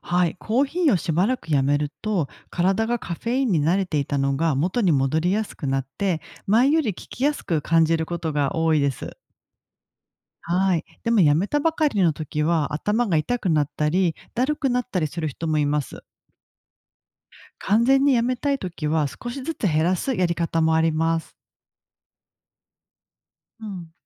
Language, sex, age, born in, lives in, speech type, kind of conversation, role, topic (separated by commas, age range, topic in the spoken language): Japanese, female, 40-44, Japan, Japan, read, expert-assistant, expert, なぜコーヒーを飲むと目が覚めるのですか？